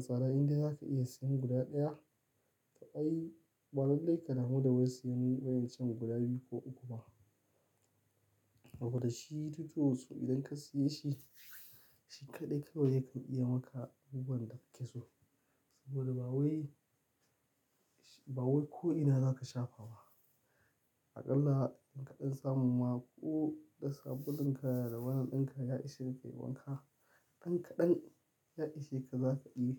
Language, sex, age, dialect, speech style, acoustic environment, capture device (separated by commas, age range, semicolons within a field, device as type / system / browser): Hausa, male, 25-34, Nigeria - Kano, happy; excited; focused, silence; nature, mobile / Linux / Chrome